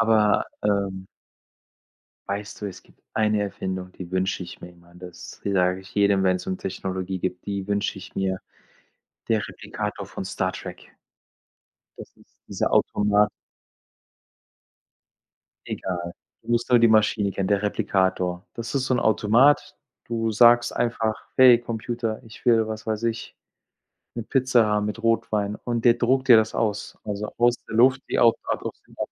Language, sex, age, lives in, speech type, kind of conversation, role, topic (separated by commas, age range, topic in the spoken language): German, male, 45-49, Germany, conversation, unstructured, none, Welche Erfindung findest du in letzter Zeit am spannendsten?